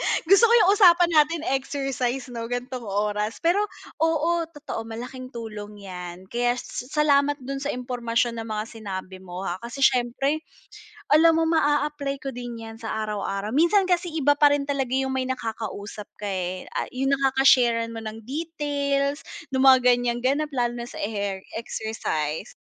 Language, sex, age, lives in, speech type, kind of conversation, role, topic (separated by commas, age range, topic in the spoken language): Filipino, female, 30-34, Philippines, conversation, unstructured, none, Anong uri ng ehersisyo ang madalas mong ginagawa?